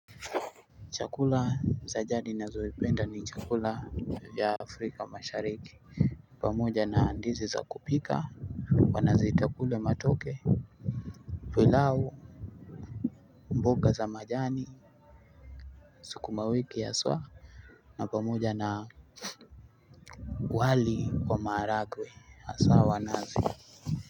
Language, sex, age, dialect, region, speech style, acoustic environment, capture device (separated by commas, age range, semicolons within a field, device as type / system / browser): Swahili, male, 25-34, Kenya - Mombasa, Kenya, anxious, people talking; appliances; music; nature, desktop / Linux / Chrome